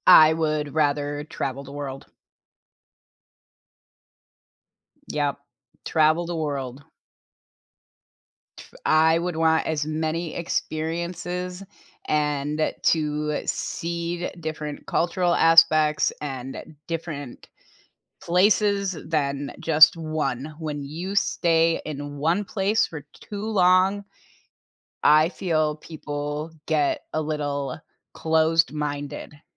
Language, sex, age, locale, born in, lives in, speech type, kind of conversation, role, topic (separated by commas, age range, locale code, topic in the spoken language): English, female, 35-39, en-US, United States, United States, conversation, unstructured, none, How do you decide between exploring new places and building a life in one community?